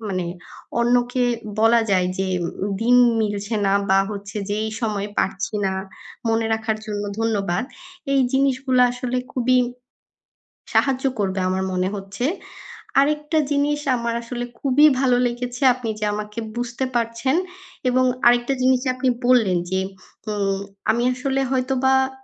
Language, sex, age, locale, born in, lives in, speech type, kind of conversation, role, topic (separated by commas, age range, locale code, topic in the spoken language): Bengali, female, 25-29, bn-BD, Bangladesh, Bangladesh, conversation, advice, user, নিমন্ত্রণ ফিরিয়ে দিতে কষ্ট হলে ভদ্রভাবে কীভাবে 'না' বলবেন?